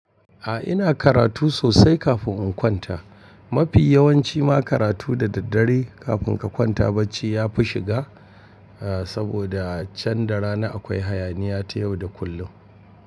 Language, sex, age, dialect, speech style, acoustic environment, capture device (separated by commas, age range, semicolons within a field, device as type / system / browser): Hausa, male, 45-54, Nigeria - Kano, focused, appliances; people talking, mobile / Linux / Chrome